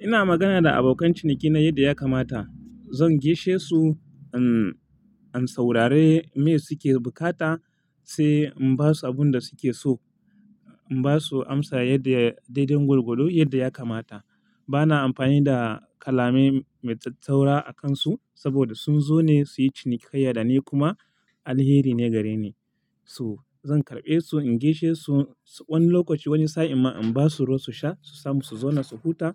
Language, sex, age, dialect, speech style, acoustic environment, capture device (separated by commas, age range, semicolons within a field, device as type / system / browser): Hausa, male, 25-34, Nigeria - Kano, relaxed; focused, silence, mobile / Linux / Chrome